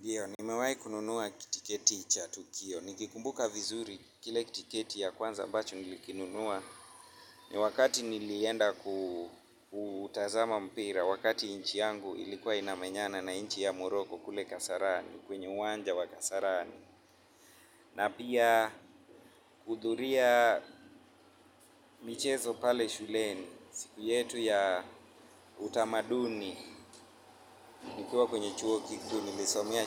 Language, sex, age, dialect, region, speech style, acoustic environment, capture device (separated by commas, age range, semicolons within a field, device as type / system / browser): Swahili, male, 25-34, Kenya - Nairobi Sheng-influenced, Kenya, happy; focused, music; traffic; nature, mobile / Linux / Chrome